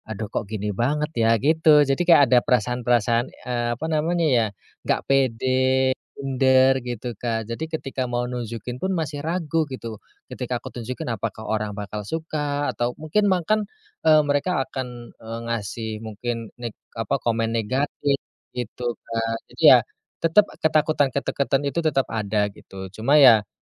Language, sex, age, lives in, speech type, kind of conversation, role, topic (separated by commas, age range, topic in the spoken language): Indonesian, male, 30-34, Indonesia, conversation, podcast, guest, Pernahkah kamu takut menunjukkan karyamu, dan bagaimana kamu mengatasinya?